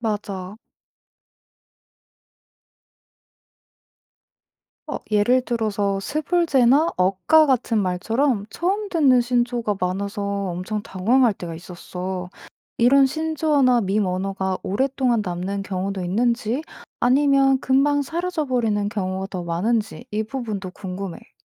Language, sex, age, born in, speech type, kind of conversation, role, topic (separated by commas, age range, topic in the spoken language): Korean, female, 25-29, South Korea, read, expert-assistant, asker, 요즘 한국에서 인기 있는 문화 트렌드는 어떻게 만들어질까요? 앞으로는 어떤 새로운 트렌드가 나올 수 있을까요?